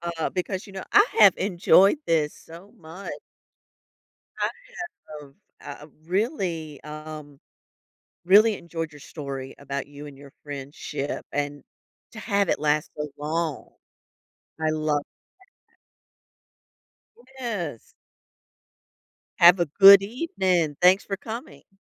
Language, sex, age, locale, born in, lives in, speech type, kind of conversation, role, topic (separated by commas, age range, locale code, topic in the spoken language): English, female, 45-49, en-US, United States, United States, conversation, podcast, host, What qualities help friendships last through challenges and change?